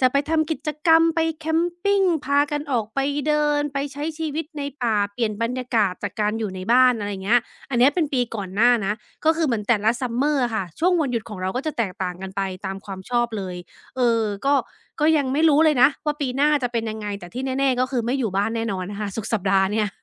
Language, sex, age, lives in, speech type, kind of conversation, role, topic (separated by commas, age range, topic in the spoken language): Thai, female, 35-39, United States, conversation, podcast, guest, วันหยุดสุดสัปดาห์ที่บ้านของคุณมีพิธีกรรมหรือกิจวัตรประจำอะไรกันบ้าง?